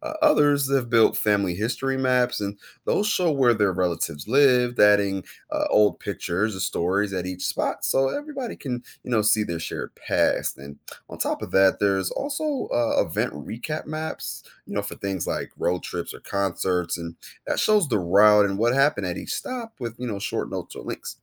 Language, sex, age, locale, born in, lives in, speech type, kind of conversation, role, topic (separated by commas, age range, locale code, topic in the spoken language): English, male, 30-34, en-US, United States, United States, read, expert-assistant, expert, How do maps help people understand the world?